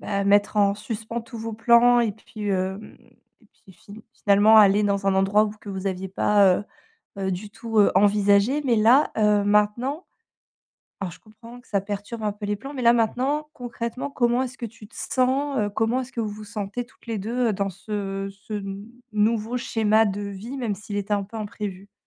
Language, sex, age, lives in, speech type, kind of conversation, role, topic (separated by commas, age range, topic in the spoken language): French, female, 35-39, France, conversation, advice, advisor, Comment accepter un changement inattendu et aller de l’avant ?